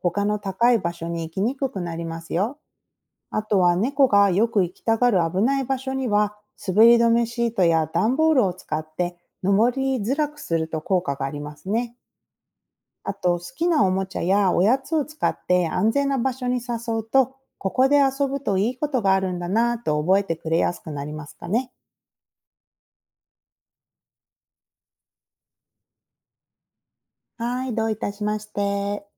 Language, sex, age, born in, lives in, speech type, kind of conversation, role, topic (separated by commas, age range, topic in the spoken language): Japanese, female, 40-44, Japan, United States, read, expert-assistant, expert, どうして猫は高いところから落ちても平気なことが多いのか説明してください。